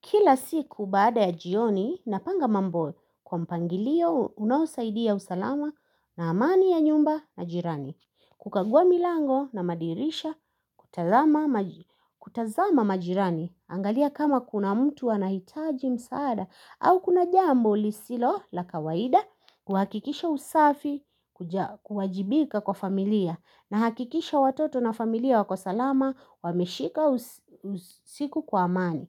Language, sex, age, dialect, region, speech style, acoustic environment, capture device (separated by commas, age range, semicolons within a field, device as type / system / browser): Swahili, female, 25-34, Kenya - Nairobi Sheng-influenced, Kenya, anxious; happy, people talking, mobile / Linux / Chrome